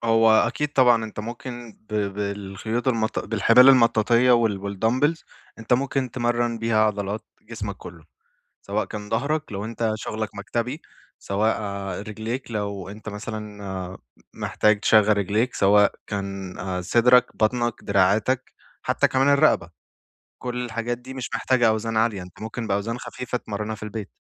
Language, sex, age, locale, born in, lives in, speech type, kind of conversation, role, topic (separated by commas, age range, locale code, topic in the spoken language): Arabic, male, 25-29, ar-EG, Saudi Arabia, Egypt, conversation, advice, advisor, إزاي أقدر أداوم على التمرين بانتظام من غير ما أحس بإرهاق أو ملل؟